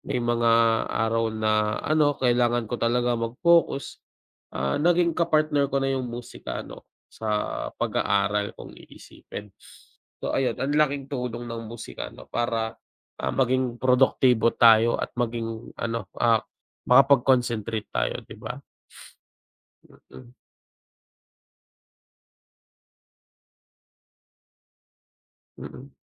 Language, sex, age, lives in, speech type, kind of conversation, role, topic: Filipino, male, 25-29, Philippines, conversation, unstructured, none, Paano nakaaapekto ang musika sa ating damdamin at produktibidad?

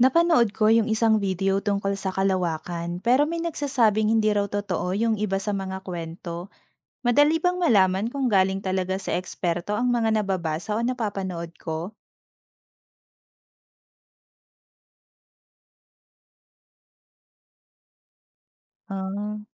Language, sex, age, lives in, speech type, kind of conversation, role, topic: Filipino, female, 30-34, Philippines, read, expert-assistant, asker, May mga simpleng paraan ba para alamin kung ang nababasa mong impormasyon tungkol sa kalawakan ay galing sa eksperto o tunay na pananaliksik?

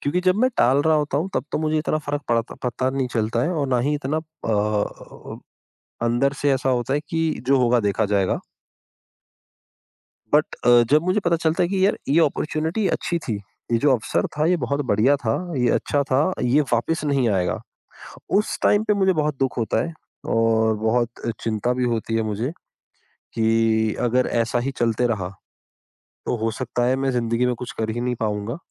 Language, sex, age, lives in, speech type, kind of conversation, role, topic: Hindi, male, 30-34, India, conversation, advice, user, काम टालने की लत और संकोच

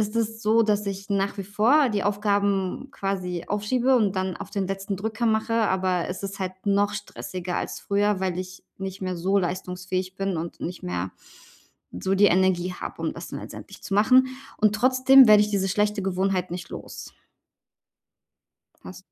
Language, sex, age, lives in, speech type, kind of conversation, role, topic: German, female, 35-39, Germany, conversation, advice, user, Wie kann ich das Aufschieben bei wichtigen Aufgaben überwinden?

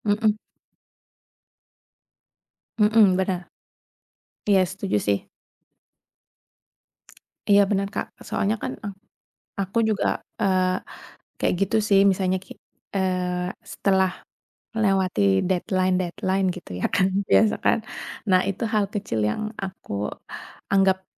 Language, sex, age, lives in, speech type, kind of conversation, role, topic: Indonesian, female, 30-34, Indonesia, conversation, unstructured, none, Bagaimana kamu merayakan keberhasilan kecil di kantor?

